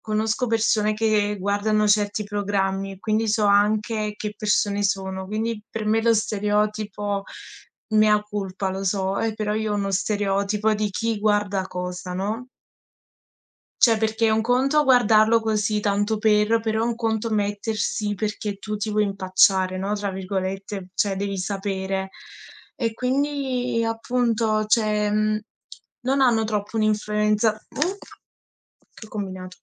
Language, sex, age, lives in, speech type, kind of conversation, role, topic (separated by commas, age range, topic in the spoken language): Italian, female, 20-24, Italy, conversation, unstructured, none, Pensi che i reality show siano dannosi per la società?